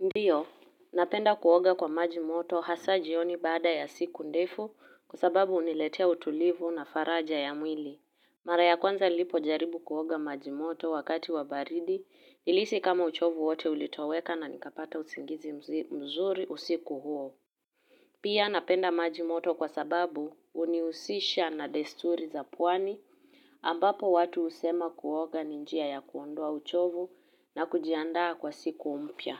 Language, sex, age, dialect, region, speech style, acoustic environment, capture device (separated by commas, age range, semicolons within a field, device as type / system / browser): Swahili, female, 18-24, Kenya - Nairobi Sheng-influenced, Kenya, focused, silence, mobile / Linux / Chrome